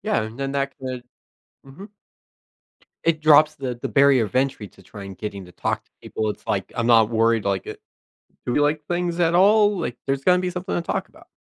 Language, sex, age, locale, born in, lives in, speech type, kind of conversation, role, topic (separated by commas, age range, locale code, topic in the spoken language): English, male, 20-24, en-US, United States, United States, conversation, advice, user, How can I adjust to living alone and feel less overwhelmed by daily responsibilities?